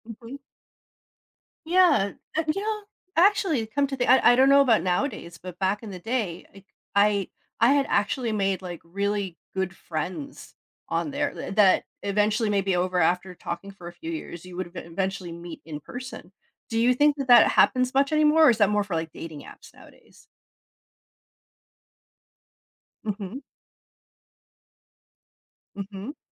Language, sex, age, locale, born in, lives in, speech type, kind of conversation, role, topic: English, female, 40-44, en-US, United States, United States, conversation, podcast, host, How has social media influenced the way we connect and communicate with others?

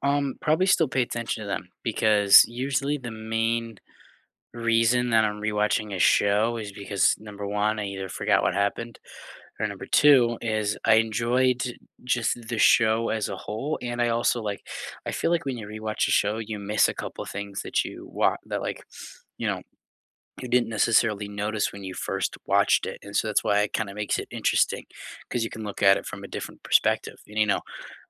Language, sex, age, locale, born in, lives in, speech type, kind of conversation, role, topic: English, male, 18-19, en-US, United States, United States, conversation, unstructured, none, What TV shows do you binge-watch without feeling guilty, and what keeps you hooked?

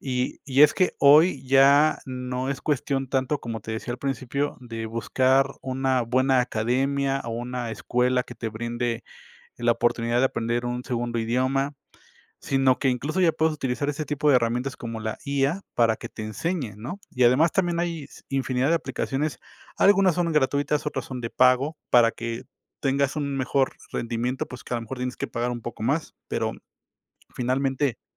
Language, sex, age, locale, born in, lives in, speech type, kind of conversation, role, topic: Spanish, male, 35-39, es-MX, Mexico, Mexico, conversation, unstructured, none, ¿Crees que todas las personas deberían aprender un segundo idioma? ¿Por qué?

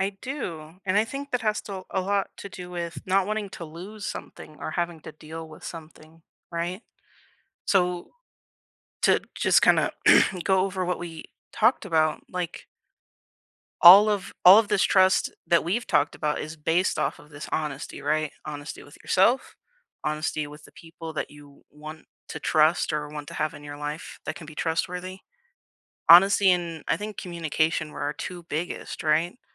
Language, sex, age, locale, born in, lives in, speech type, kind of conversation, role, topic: English, female, 20-24, en-US, United States, United States, conversation, unstructured, none, What builds trust for you in any relationship?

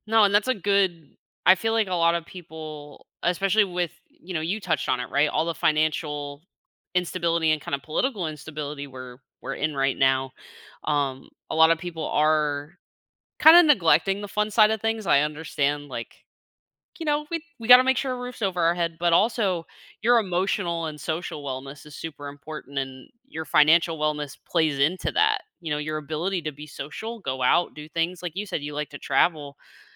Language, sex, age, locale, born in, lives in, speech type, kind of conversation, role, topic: English, female, 25-29, en-US, United States, United States, conversation, advice, advisor, How can I break my long-term goal into daily steps?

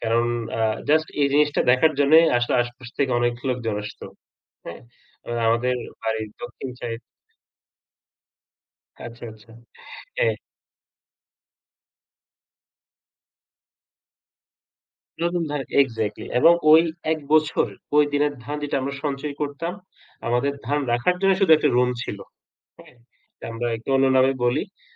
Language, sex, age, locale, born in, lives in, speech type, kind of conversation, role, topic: Bengali, male, 35-39, bn-BD, Bangladesh, Bangladesh, conversation, unstructured, none, বাংলাদেশের কোন ঐতিহ্যবাহী উৎসবটি আপনার সবচেয়ে প্রিয়?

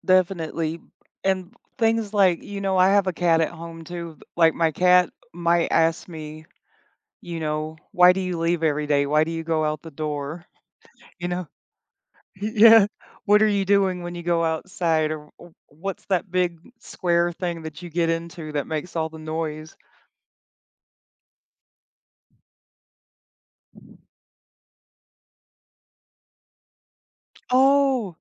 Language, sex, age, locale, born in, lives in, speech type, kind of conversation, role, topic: English, female, 45-49, en-US, United States, United States, conversation, unstructured, none, How might understanding animals' thoughts change the way we relate to them?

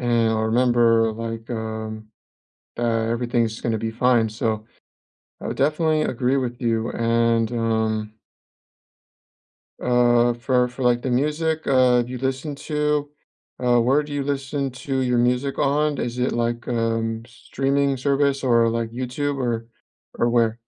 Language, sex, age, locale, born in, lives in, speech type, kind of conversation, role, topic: English, male, 25-29, en-US, United States, United States, conversation, unstructured, none, What music feels like home to you, and what memories does it bring back?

